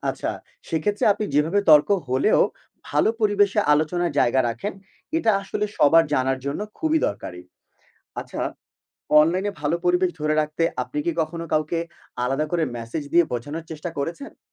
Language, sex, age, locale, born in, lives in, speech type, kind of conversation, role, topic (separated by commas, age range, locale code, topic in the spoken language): Bengali, male, 25-29, bn-BD, Bangladesh, Bangladesh, read, expert-assistant, expert, ডিজিটাল মিডিয়ায় ইতিবাচক আচরণ গড়ে তোলার কৌশল